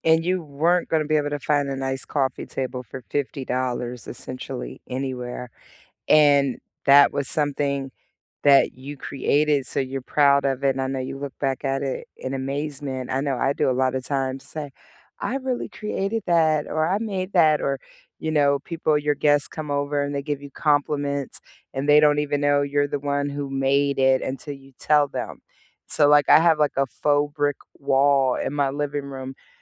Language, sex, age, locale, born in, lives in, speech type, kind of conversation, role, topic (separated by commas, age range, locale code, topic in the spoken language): English, female, 40-44, en-US, United States, United States, conversation, unstructured, none, What budget-friendly ways have you found to make your kitchen refresh truly pop?